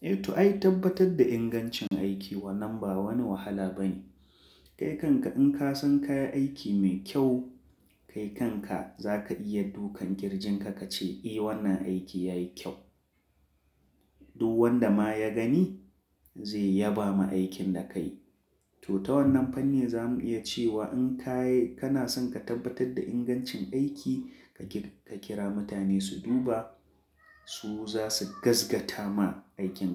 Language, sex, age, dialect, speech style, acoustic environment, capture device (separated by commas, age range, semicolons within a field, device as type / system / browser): Hausa, male, 25-34, Nigeria - Kano, focused; relaxed, traffic, mobile / Linux / Chrome